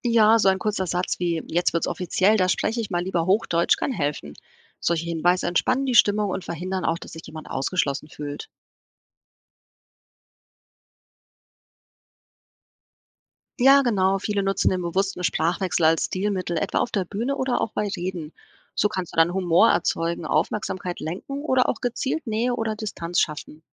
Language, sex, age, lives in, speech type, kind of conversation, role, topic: German, female, 40-44, Portugal, read, expert-assistant, expert, Was bedeutet es, wenn jemand im Alltag zwischen Hochdeutsch und Dialekt wechselt?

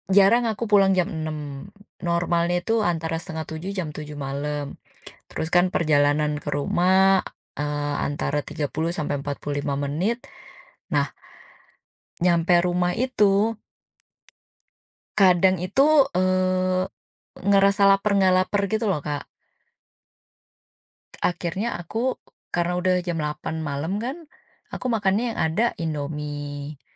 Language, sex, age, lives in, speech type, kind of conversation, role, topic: Indonesian, female, 35-39, Indonesia, conversation, advice, user, Bagaimana pengalaman Anda saat mengalami kepanikan atau serangan panik tanpa sebab yang jelas?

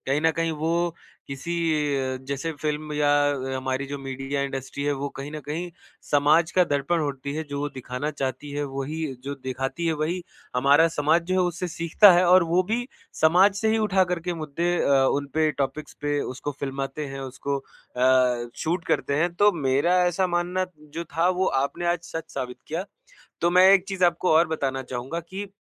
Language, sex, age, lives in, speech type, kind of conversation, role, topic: Hindi, male, 25-29, India, conversation, podcast, host, Jab tum bahut thak jaate ho, toh kaunsi TV series tumhein sabse zyada comfort deti hai?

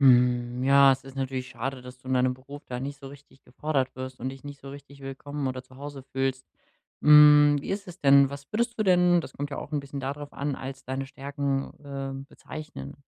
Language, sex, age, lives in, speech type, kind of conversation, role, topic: German, male, 25-29, Germany, conversation, advice, advisor, Wie finde ich meine wichtigsten Fähigkeiten und entwickle sie weiter?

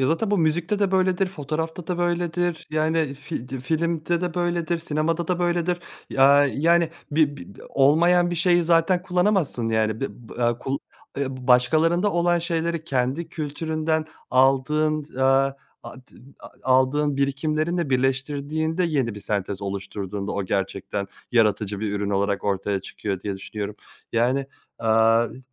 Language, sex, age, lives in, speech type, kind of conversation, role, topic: Turkish, male, 30-34, Germany, conversation, podcast, host, Hangi sanatçı seni en çok etkiledi ve neden?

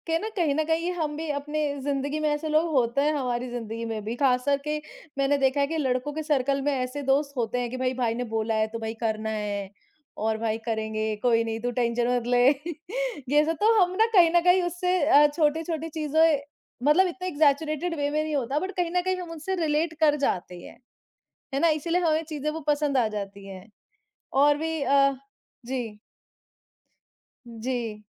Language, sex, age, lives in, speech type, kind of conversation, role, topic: Hindi, male, 30-34, India, conversation, unstructured, none, आपके बचपन की कौन-सी फिल्म आपको सबसे ज्यादा यादगार लगती है?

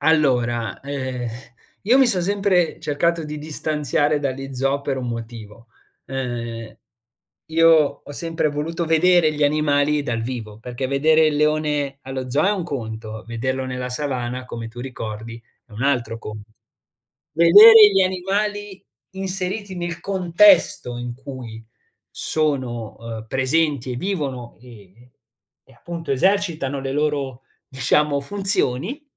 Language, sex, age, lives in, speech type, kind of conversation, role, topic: Italian, male, 20-24, Italy, conversation, podcast, guest, Mi racconti un’esperienza nella natura che ricordi ancora?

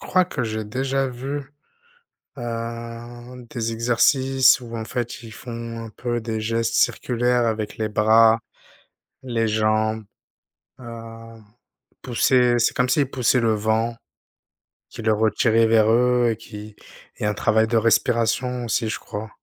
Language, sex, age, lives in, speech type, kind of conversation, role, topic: French, male, 30-34, France, conversation, unstructured, none, Comment prends-tu soin de ton bien-être mental au quotidien ?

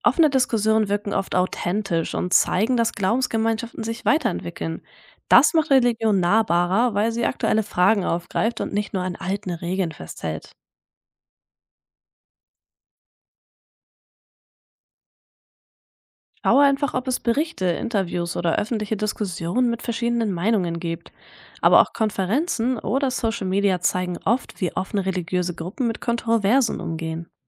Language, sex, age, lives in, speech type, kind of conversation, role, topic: German, female, 20-24, Germany, read, expert-assistant, expert, Wie kann ich sicher sein, dass das, was ich über Religionen lese, umfassend und fair dargestellt ist?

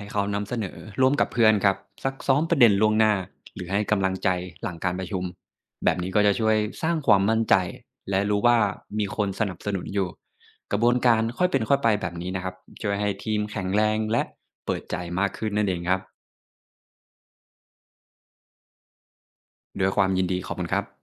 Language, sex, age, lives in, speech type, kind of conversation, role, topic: Thai, male, 25-29, Thailand, read, expert-assistant, expert, เวลาคุยกับคนต่างวัยหรือคนต่างถิ่น ทำไมบางครั้งเรารู้สึกสื่อสารไม่ตรงใจ และมีวิธีสังเกตหรือปรับตัวอย่างไรบ้าง?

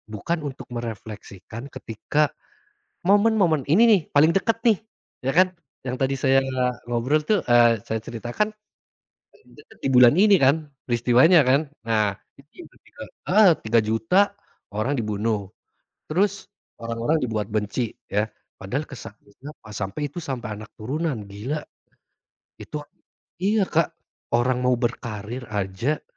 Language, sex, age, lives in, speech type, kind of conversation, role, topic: Indonesian, male, 30-34, Indonesia, conversation, unstructured, none, Seberapa besar pengaruh propaganda dalam membentuk sejarah menurutmu?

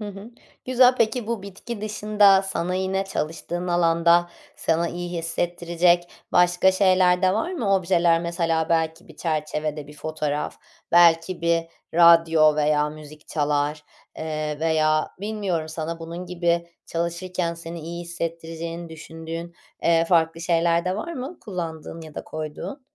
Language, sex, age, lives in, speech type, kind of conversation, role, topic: Turkish, female, 30-34, Netherlands, conversation, podcast, host, Evde çalışma alanını nasıl düzenliyorsun?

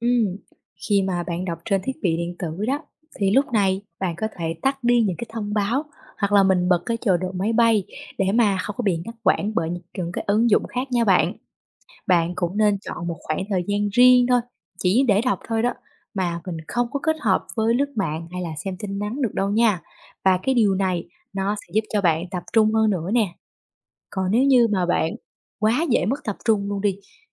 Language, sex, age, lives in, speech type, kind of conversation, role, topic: Vietnamese, female, 20-24, Vietnam, read, expert-assistant, expert, Làm thế nào để duy trì thói quen đọc sách mỗi ngày?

